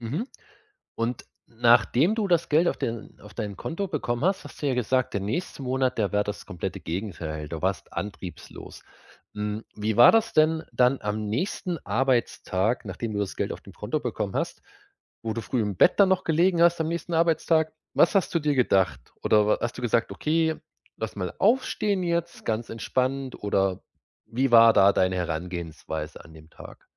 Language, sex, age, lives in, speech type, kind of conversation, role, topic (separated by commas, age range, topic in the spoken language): German, male, 30-34, Germany, conversation, advice, advisor, Warum verliere ich nach Lob oder Erfolg so schnell meine Motivation?